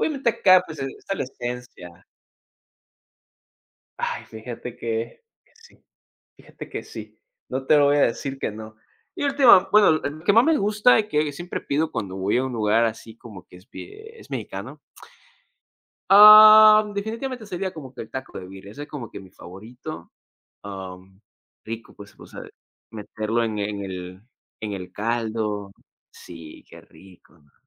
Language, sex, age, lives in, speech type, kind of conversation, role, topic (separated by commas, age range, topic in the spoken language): Spanish, male, 20-24, United States, conversation, unstructured, none, ¿Cómo decides qué comer cada día?